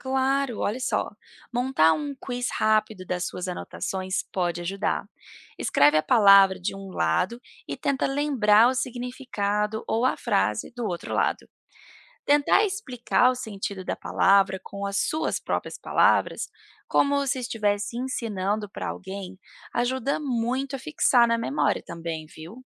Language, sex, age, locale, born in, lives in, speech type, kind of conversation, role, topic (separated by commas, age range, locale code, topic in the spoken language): Portuguese, female, 30-34, pt-BR, Brazil, United States, read, expert-assistant, expert, De que forma a leitura diária pode melhorar o vocabulário